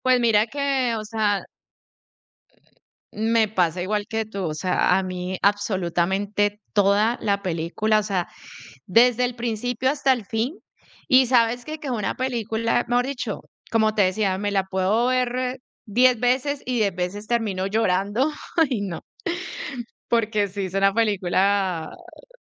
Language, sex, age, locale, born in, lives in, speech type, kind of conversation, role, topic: Spanish, female, 40-44, es-CO, Colombia, United States, conversation, unstructured, none, ¿Cuál es tu película favorita y por qué te gusta tanto?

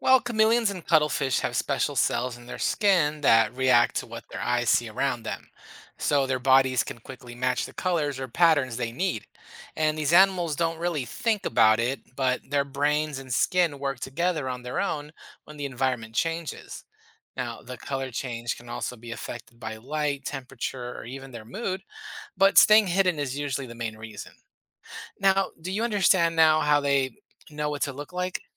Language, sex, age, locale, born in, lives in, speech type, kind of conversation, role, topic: English, male, 40-44, en-US, United States, United States, read, expert-assistant, expert, Why do some animals have camouflage?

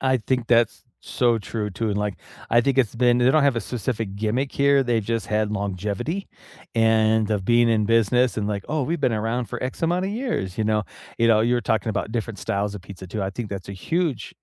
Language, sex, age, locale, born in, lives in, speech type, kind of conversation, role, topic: English, male, 45-49, en-US, United States, United States, conversation, unstructured, none, What local spots do you recommend to friends who are visiting?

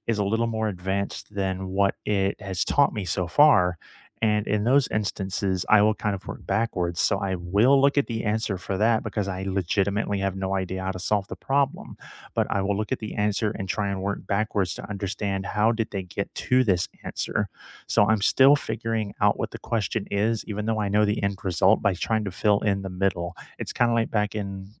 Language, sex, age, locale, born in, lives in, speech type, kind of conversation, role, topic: English, male, 35-39, en-US, United States, United States, conversation, unstructured, none, How do you learn best—through taking notes, watching videos, having discussions, or doing hands-on practice?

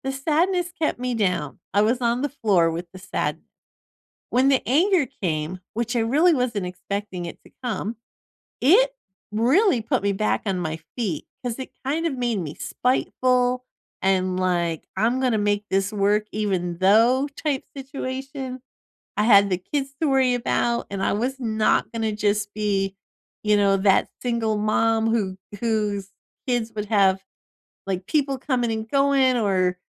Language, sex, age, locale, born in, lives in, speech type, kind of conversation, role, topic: English, female, 50-54, en-US, United States, United States, conversation, unstructured, none, Why might some people feel anger instead of sadness after losing someone?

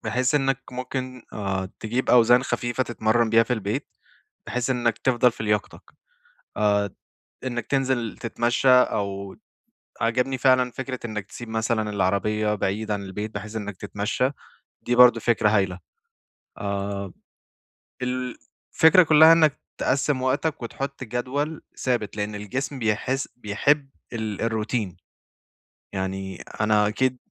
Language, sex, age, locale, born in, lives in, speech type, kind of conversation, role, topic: Arabic, male, 25-29, ar-EG, Saudi Arabia, Egypt, conversation, advice, advisor, إزاي أقدر أداوم على التمرين بانتظام من غير ما أحس بإرهاق أو ملل؟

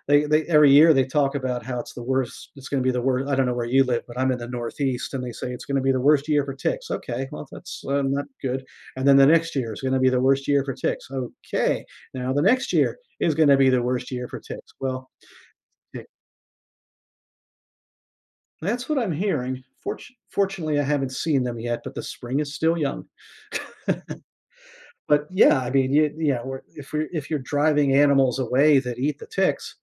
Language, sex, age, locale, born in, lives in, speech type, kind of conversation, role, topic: English, male, 50-54, en-US, United States, United States, conversation, podcast, guest, How does deforestation impact the health of our planet and its ecosystems?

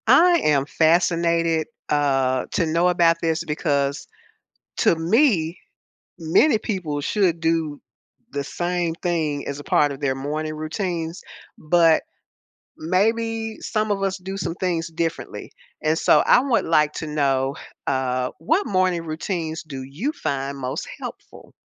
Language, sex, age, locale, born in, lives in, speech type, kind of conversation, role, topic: English, female, 70-74, en-US, United States, United States, conversation, podcast, host, How do your morning habits impact your day and overall well-being?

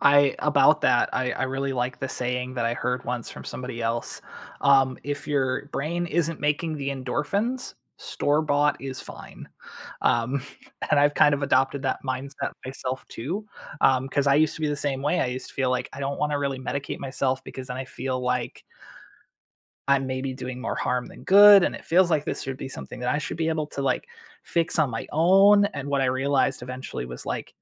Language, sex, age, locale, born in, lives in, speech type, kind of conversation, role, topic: English, male, 35-39, en-US, United States, United States, conversation, unstructured, none, How do the paths you plan compare to the ones you find along the way?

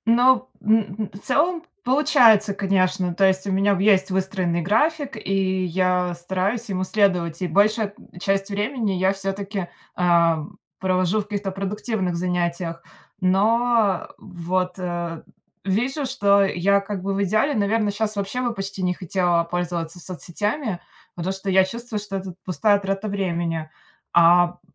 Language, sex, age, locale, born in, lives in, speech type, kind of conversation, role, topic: Russian, female, 30-34, ru-RU, Russia, United States, conversation, advice, user, Как постоянные отвлечения на телефон мешают вам доводить задачи до конца?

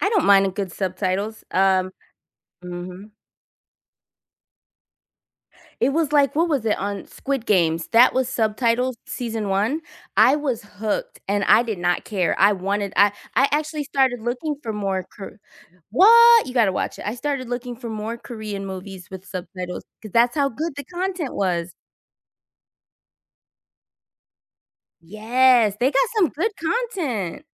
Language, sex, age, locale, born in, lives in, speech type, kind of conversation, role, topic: English, female, 40-44, en-US, United States, United States, conversation, unstructured, none, How can movies teach us about different cultures?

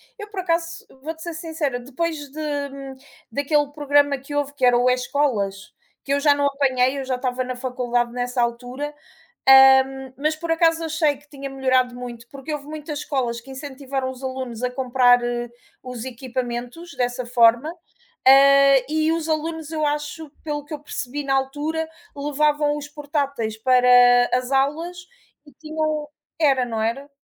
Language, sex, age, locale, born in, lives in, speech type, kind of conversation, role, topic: Portuguese, female, 35-39, pt-PT, Portugal, Portugal, conversation, unstructured, none, O que mais te incomoda na forma como aprendemos hoje?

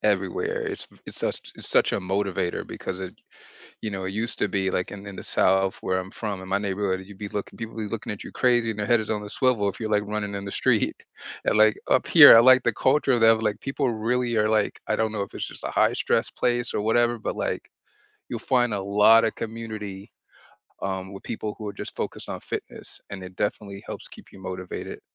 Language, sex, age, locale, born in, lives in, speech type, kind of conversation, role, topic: English, male, 40-44, en-US, United States, United States, conversation, unstructured, none, How do you keep exercise fun and exciting?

